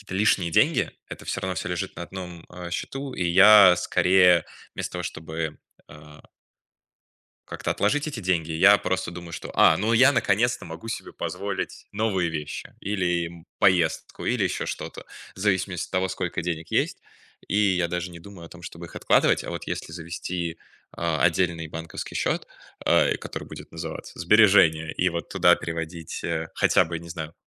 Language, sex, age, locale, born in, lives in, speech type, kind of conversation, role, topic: Russian, male, 20-24, ru-RU, Russia, Germany, conversation, advice, user, Как составить план расходов, чтобы мне было легче придерживаться бюджета?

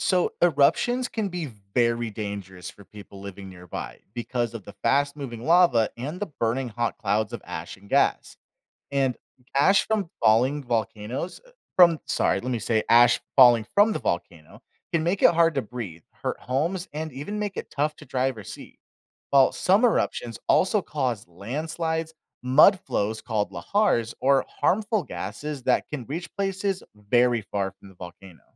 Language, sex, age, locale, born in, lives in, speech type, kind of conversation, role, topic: English, male, 25-29, en-US, United States, United States, read, expert-assistant, expert, Why do volcanoes erupt and what occurs during eruptions?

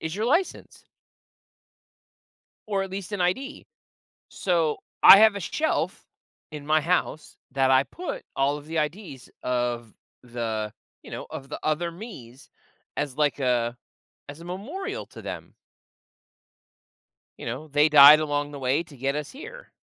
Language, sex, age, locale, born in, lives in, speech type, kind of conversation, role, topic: English, male, 30-34, en-US, United States, United States, conversation, podcast, guest, How have your hobbies shaped your life and personal growth?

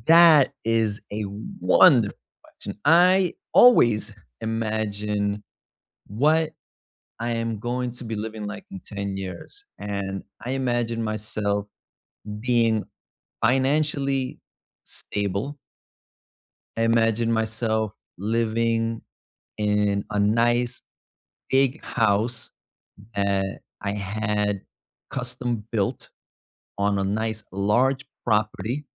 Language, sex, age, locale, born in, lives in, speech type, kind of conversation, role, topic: English, male, 35-39, en-US, United States, United States, conversation, unstructured, none, How do you imagine your ideal life ten years from now?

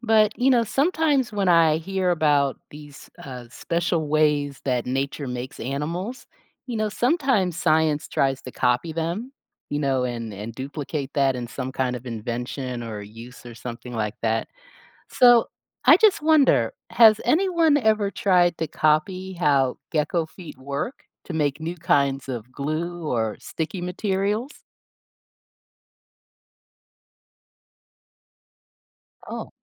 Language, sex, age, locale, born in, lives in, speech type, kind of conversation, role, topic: English, female, 55-59, en-US, United States, United States, read, expert-assistant, asker, How do geckos stick to walls?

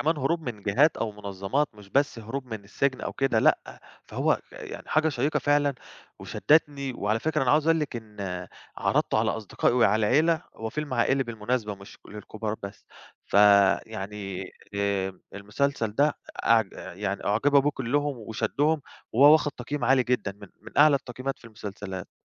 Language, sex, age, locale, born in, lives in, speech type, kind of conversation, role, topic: Arabic, male, 25-29, ar-EG, Egypt, Greece, conversation, podcast, guest, إيه معنى الهروب في فيلم أو مسلسل بالنسبالك؟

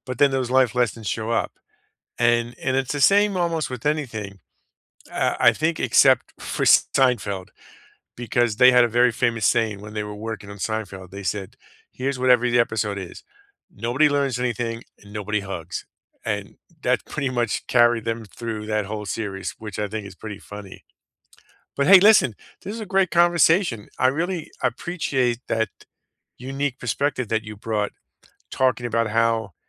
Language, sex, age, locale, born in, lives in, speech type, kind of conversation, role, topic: English, male, 50-54, en-US, United States, United States, conversation, unstructured, none, Which fictional characters do you see yourself in, and how have their stories guided your life?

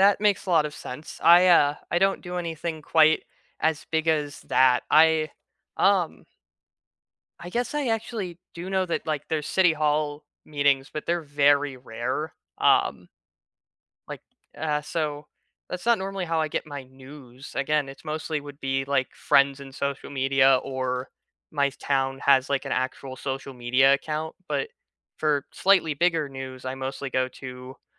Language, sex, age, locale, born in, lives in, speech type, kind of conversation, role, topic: English, male, 20-24, en-US, United States, United States, conversation, unstructured, none, What are your go-to ways to stay informed and engaged with your local government?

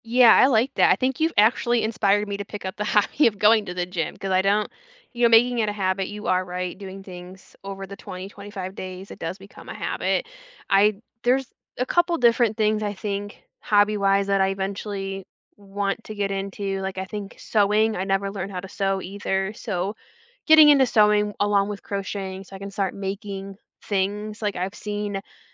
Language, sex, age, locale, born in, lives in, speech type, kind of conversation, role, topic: English, female, 30-34, en-US, United States, United States, conversation, unstructured, none, How can you convince someone to give a hobby a fair chance?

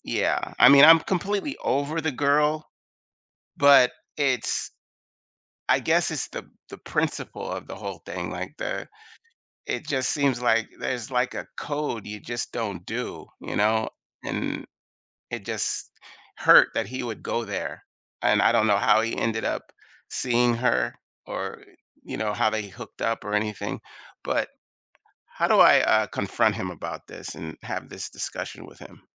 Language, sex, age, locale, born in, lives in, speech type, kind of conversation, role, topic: English, male, 55-59, en-US, United States, United States, conversation, advice, user, How can I heal and decide whether to repair my friendship after a betrayal?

